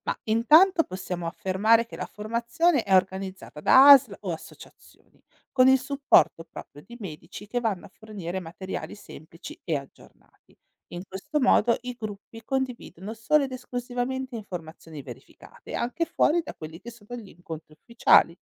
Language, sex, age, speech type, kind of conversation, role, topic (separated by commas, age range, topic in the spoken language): Italian, female, 40-44, read, expert-assistant, expert, Come faccio a capire se una notizia sulla salute pubblica che leggo online è davvero affidabile?